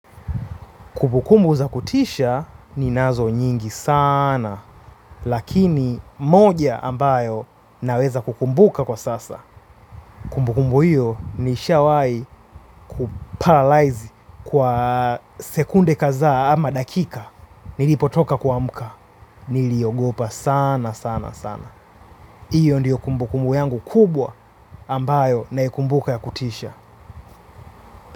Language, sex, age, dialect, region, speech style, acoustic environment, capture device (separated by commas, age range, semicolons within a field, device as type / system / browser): Swahili, male, 25-34, Tanzania - Dar es Salaam, Tanzania, relaxed, silence, mobile / Linux / Chrome